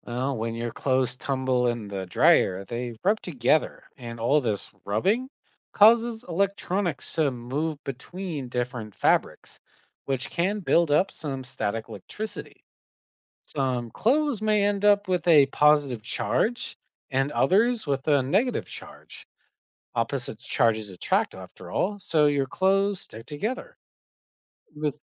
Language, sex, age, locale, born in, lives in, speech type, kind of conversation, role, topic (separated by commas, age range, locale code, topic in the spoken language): English, male, 25-29, en-CA, Canada, United States, read, expert-assistant, expert, What is static electricity and how does it form?